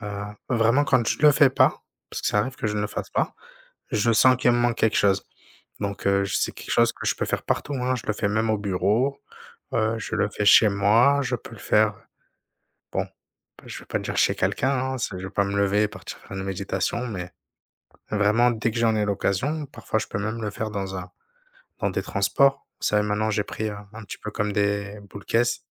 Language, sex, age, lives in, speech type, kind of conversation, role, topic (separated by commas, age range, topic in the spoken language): French, male, 30-34, France, conversation, unstructured, none, Comment prends-tu soin de ton bien-être mental au quotidien ?